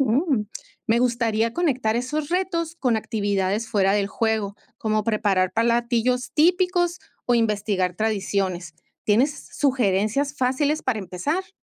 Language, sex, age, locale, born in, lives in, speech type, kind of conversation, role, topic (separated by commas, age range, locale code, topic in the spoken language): Spanish, female, 50-54, es-MX, Mexico, Mexico, read, expert-assistant, asker, ¿Qué técnicas puedo usar para recordar rápidamente la ubicación y nombre de los países y sus capitales en el mapa político mundial?